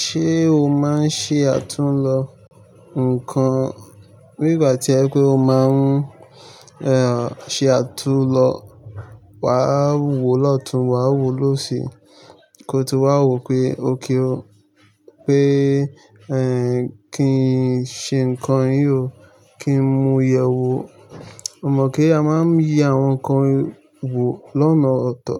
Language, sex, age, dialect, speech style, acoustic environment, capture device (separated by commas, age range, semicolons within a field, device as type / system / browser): Yoruba, male, 25-34, Nigeria - Lagos Yoruba, nervous; excited; anxious; relaxed, nature, mobile / Linux / Chrome